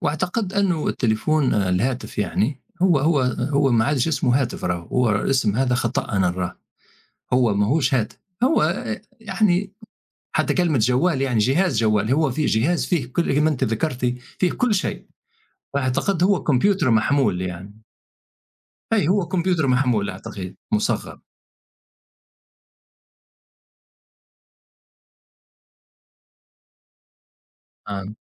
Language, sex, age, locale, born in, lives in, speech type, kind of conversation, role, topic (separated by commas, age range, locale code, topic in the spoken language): Arabic, male, 50-54, ar-TN, Tunisia, United States, conversation, unstructured, none, هل جرّبت تعيش من غير موبايل فترة؟ كانت التجربة عاملة إزاي؟